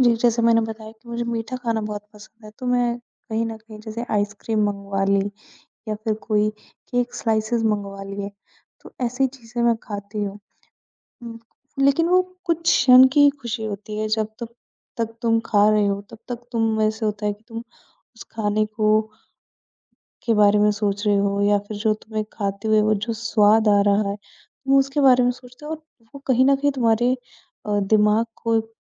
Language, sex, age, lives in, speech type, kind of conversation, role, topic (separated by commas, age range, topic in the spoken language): Hindi, female, 20-24, India, conversation, advice, user, तनाव के समय आपकी भूख कैसे बदलती है और आपकी खाने की आदतें कैसे गड़बड़ हो जाती हैं?